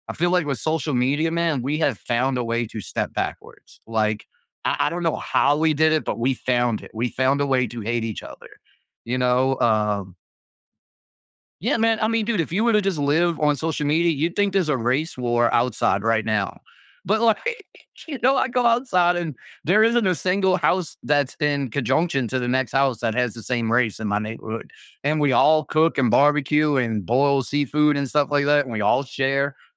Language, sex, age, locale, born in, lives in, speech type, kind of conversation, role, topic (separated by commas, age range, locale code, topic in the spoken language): English, male, 30-34, en-US, United States, United States, conversation, podcast, guest, In what ways has social media changed the way people present themselves and connect with others?